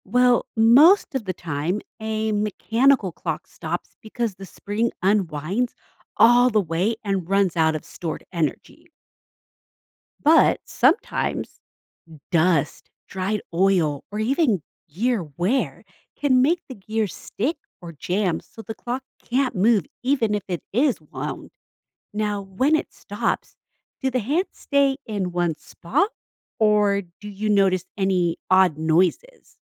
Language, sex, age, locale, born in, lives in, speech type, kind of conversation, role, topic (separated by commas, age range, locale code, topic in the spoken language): English, female, 40-44, en-US, United States, United States, read, expert-assistant, expert, How does a clock keep ticking accurately?